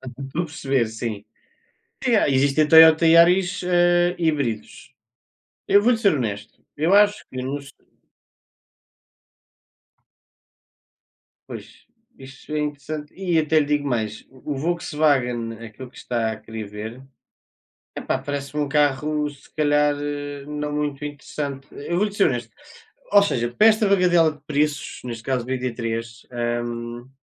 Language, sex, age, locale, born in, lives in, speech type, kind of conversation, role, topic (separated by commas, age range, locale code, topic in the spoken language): Portuguese, male, 20-24, pt-PT, Portugal, Portugal, conversation, unstructured, none, Qual é a sua abordagem para resolver conflitos familiares?